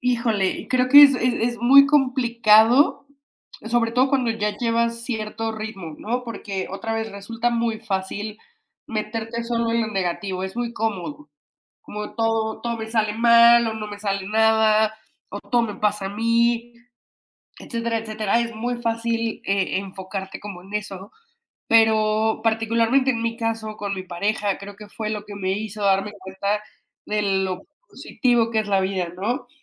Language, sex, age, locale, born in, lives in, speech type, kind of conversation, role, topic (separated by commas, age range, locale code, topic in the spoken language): Spanish, female, 30-34, es-MX, Mexico, Mexico, conversation, unstructured, none, ¿Has sentido que una noticia positiva te ayudó a superar un mal día?